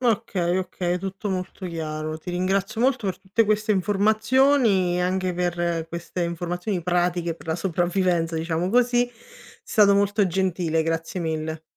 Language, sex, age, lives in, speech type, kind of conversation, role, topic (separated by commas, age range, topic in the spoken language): Italian, female, 30-34, Italy, read, expert-assistant, asker, Come si formano i vulcani?